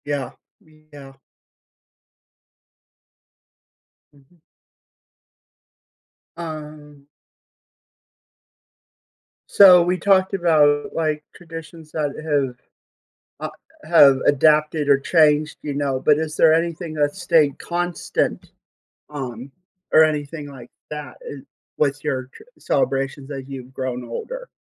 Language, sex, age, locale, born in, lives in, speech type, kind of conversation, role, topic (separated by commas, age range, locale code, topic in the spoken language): English, male, 25-29, en-US, United States, United States, conversation, podcast, host, How do our reasons for celebrating change as we move through different stages of life?